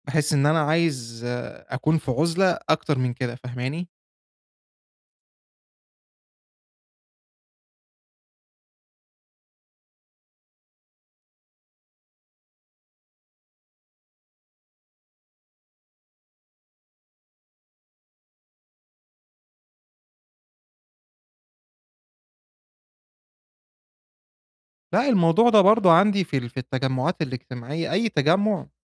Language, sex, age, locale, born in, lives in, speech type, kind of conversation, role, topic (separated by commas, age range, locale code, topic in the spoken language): Arabic, male, 25-29, ar-EG, Egypt, Egypt, conversation, advice, user, إزاي أتعامل مع إحساسي بالعزلة وأنا في الحفلات والتجمعات الاجتماعية؟